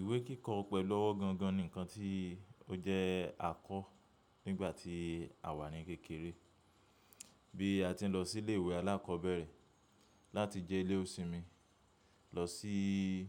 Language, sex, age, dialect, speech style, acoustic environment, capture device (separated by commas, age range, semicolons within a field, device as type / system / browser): Yoruba, male, 25-34, Nigeria - Lagos Yoruba, happy, appliances, mobile / macOS / Safari